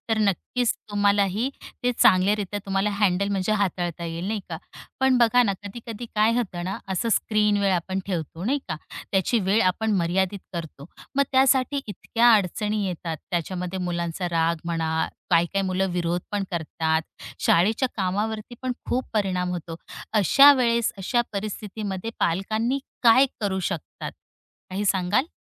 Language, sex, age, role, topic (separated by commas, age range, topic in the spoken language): Marathi, female, 35-39, host, तुम्ही मुलांना स्क्रीनवर किती वेळ द्याल आणि त्यामागचे कारण काय असेल?